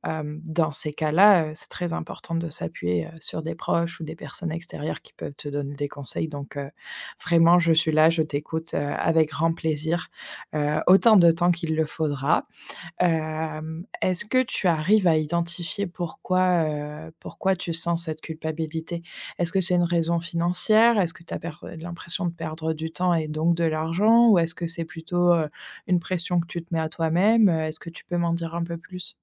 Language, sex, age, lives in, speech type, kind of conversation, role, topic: French, female, 25-29, France, conversation, advice, advisor, Comment puis-je me reposer sans culpabiliser ?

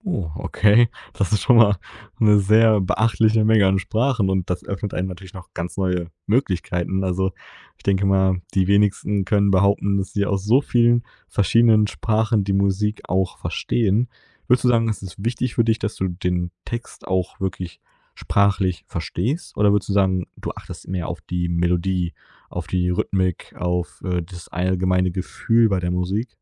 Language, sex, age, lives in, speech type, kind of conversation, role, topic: German, male, 20-24, Germany, conversation, podcast, host, Wie hat deine Herkunft deinen Musikgeschmack geprägt?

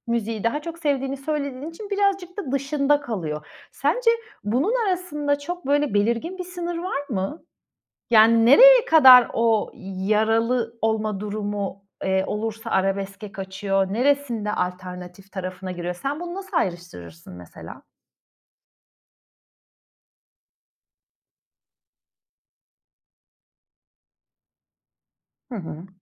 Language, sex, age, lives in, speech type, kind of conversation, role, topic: Turkish, female, 35-39, Italy, conversation, podcast, host, Müzik zevkin nasıl şekillendi ve en çok neler dinlersin?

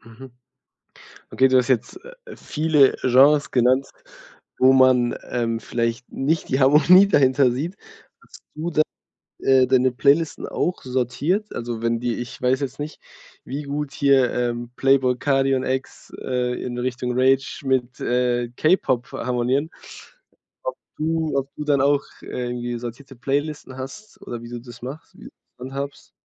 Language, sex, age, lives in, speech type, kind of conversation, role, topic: German, male, 20-24, Germany, conversation, podcast, host, Wie bringst du unterschiedliche Musikgeschmäcker unter einen Hut?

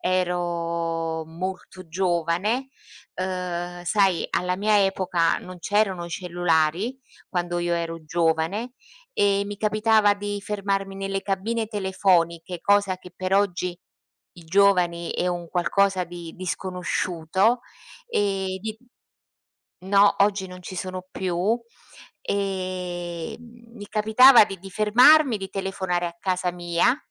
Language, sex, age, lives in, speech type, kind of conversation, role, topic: Italian, female, 55-59, Italy, conversation, unstructured, none, Qual è una storia del passato che ti fa sorridere?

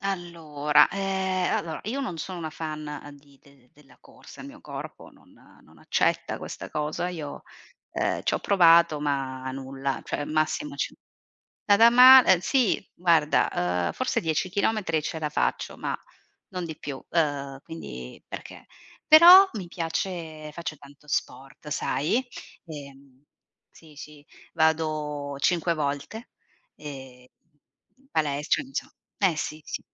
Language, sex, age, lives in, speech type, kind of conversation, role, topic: Italian, female, 35-39, Italy, conversation, unstructured, none, Qual è il tuo hobby preferito e perché ti piace così tanto?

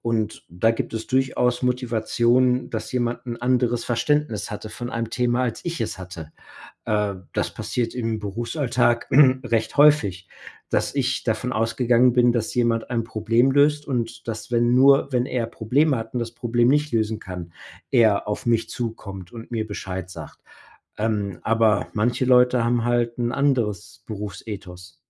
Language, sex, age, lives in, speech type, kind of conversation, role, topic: German, male, 45-49, United States, conversation, podcast, guest, Wie gehst du mit Unehrlichkeit im Alltag um?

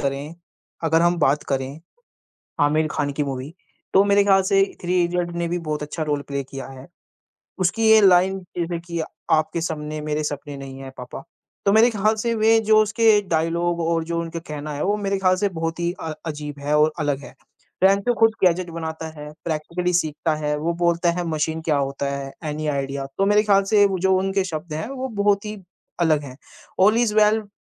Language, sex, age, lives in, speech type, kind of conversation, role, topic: Hindi, male, 20-24, India, conversation, unstructured, none, आप अपनी पसंदीदा फ़िल्में कैसे चुनते हैं और क्यों?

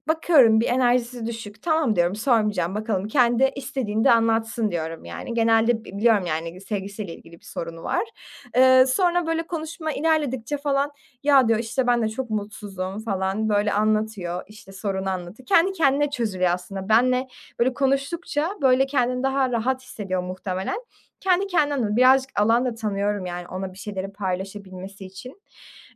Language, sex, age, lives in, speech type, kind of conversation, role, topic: Turkish, female, 20-24, Germany, conversation, podcast, guest, İnsanları dinleyip yanıt verirken güven oluşturan kelimeler ve ifadeler hangileridir?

